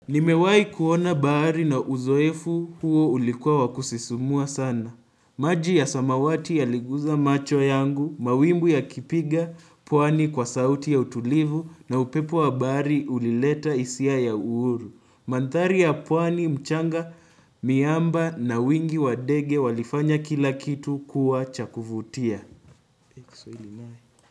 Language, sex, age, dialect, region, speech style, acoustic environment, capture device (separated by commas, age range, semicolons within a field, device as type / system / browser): Swahili, male, 18-24, Kenya - Nairobi Sheng-influenced, Kenya, focused, silence, desktop / Windows / Chrome